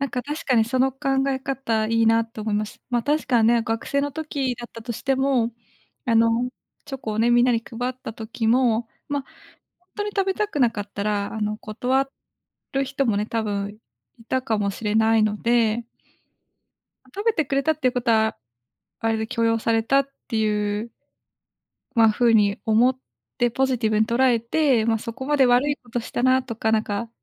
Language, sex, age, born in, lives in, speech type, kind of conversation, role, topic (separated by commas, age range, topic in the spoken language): Japanese, female, 25-29, Japan, Japan, conversation, advice, user, 過去の失敗から立ち直れず、罪悪感や不安が消えないのはなぜですか？